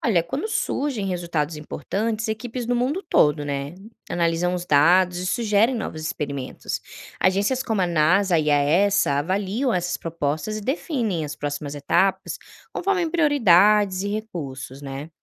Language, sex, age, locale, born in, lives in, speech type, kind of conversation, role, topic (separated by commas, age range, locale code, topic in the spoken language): Portuguese, female, 25-29, pt-BR, Brazil, Spain, read, expert-assistant, expert, Que possibilidades existem para encontrar vida em lugares inesperados do nosso sistema solar?